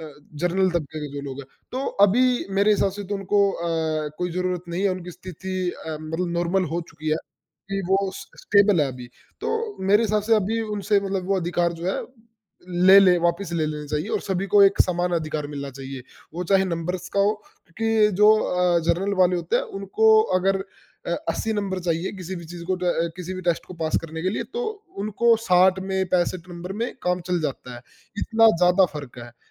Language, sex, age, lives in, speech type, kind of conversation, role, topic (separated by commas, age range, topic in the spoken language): Hindi, male, 20-24, India, conversation, unstructured, none, लोकतंत्र हमारे लिए कितना ज़रूरी है?